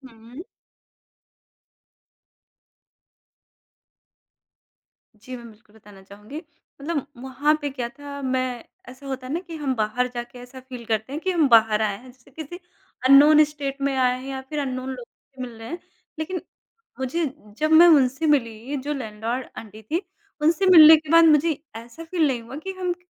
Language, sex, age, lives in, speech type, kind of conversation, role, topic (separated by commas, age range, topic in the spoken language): Hindi, male, 30-34, India, conversation, podcast, guest, Aapki kisi trip ki woh kaunsi yaad hai jahan mehmaan-nawaazi ne aapka dil jeet liya, aur woh kaise hua?